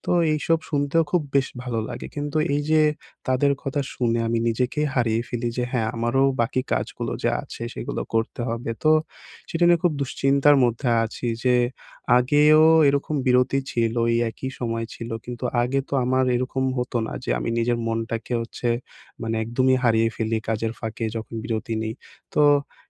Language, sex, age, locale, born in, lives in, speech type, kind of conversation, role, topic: Bengali, male, 20-24, bn-BD, Bangladesh, Bangladesh, conversation, advice, user, বিরতি নিয়ে কীভাবে মনকে কাজের জন্য প্রস্তুত করা যায়?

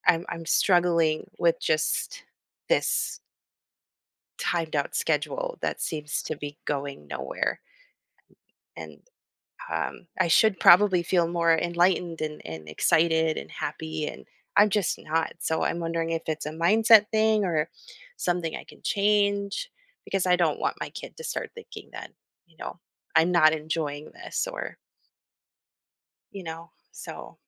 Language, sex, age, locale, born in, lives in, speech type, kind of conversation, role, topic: English, female, 30-34, en-US, United States, United States, conversation, advice, user, How can I overcome apathy about goals?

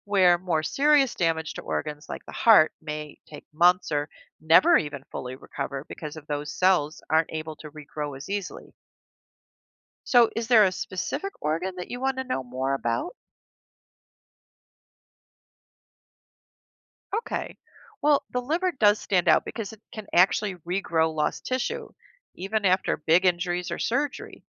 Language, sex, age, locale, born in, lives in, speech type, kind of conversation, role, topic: English, female, 55-59, en-US, United States, United States, read, expert-assistant, expert, How does the body heal from injuries and illness?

